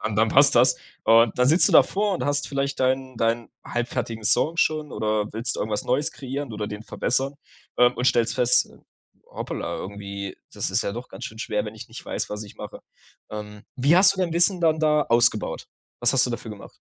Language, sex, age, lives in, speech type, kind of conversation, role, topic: German, male, 20-24, Germany, conversation, podcast, host, Wie testest du, ob du ein Thema wirklich verstanden hast?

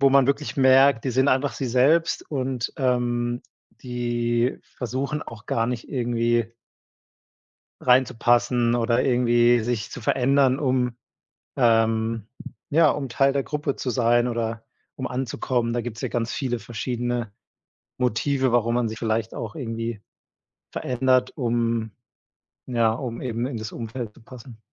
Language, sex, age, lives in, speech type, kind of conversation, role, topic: German, male, 30-34, Germany, conversation, podcast, guest, Wie wichtig ist dir Authentizität, wenn du dich ausdrückst?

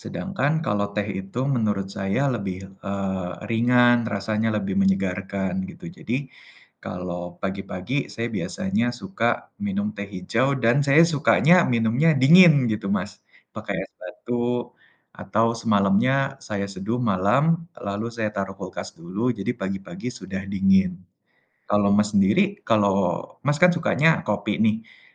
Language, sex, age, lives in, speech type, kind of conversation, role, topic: Indonesian, male, 20-24, Indonesia, conversation, unstructured, none, Apakah Anda lebih suka kopi atau teh untuk memulai hari?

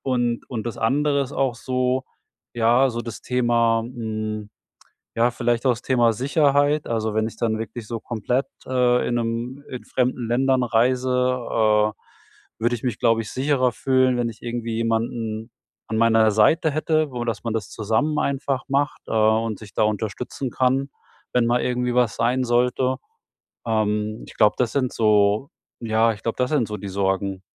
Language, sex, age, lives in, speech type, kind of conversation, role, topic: German, male, 45-49, Germany, conversation, advice, user, Wie kann ich meine Reiseangst und Unsicherheit überwinden?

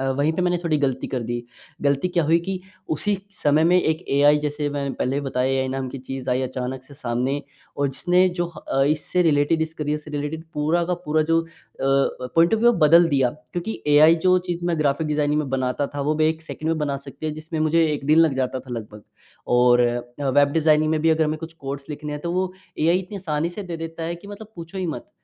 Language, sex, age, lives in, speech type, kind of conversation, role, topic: Hindi, male, 18-19, India, conversation, podcast, guest, आपके हिसाब से नई कौशल सीखकर करियर बदलना कैसा अनुभव होता है?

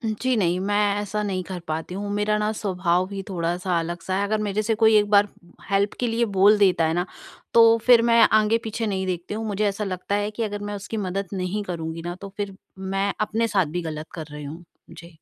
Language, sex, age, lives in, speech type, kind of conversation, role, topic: Hindi, female, 40-44, India, conversation, advice, user, दोस्तों के साथ रहते हुए मैं समूह के दबाव में अपनी सीमाएँ कैसे तय करूँ और साफ़-साफ़ बता सकूँ?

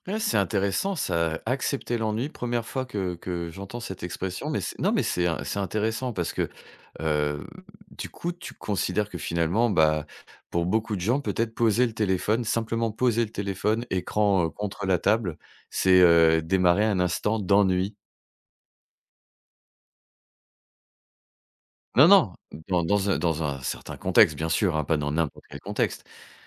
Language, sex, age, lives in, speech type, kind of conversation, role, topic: French, male, 45-49, France, conversation, podcast, host, Comment éviter d’utiliser son téléphone avant de dormir ?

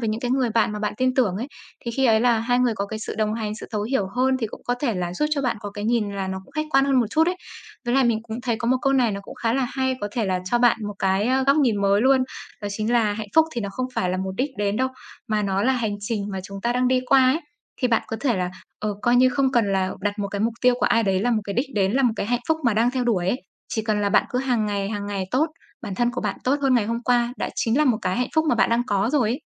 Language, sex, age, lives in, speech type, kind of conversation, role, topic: Vietnamese, female, 25-29, Vietnam, conversation, advice, advisor, Bạn có thường xuyên so sánh bản thân với người khác trên mạng xã hội mỗi ngày không?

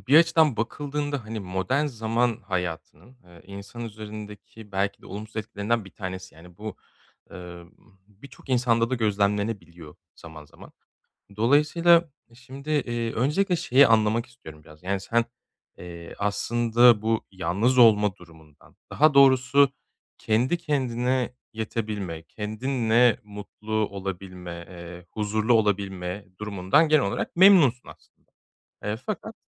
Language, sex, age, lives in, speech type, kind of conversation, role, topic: Turkish, male, 35-39, Germany, conversation, advice, advisor, Sosyalleşme ve yalnızlık arasında sağlıklı bir dengeyi nasıl kurabilirim?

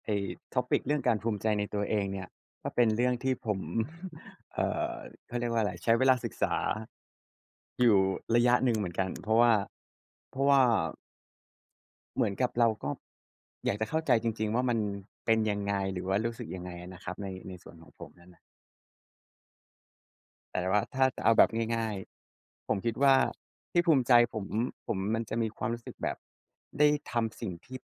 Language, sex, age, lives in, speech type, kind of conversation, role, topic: Thai, male, 30-34, Thailand, conversation, unstructured, none, อะไรคือสิ่งที่ทำให้คุณรู้สึกภูมิใจในตัวเองมากที่สุด?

